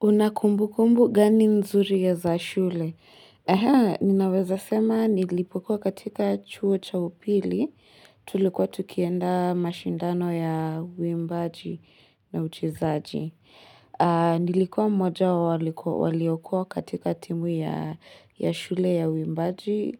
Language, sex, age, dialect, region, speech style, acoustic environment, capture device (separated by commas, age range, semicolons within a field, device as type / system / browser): Swahili, female, 18-24, Kenya - Nairobi Sheng-influenced, Kenya, relaxed, silence, mobile / Linux / Chrome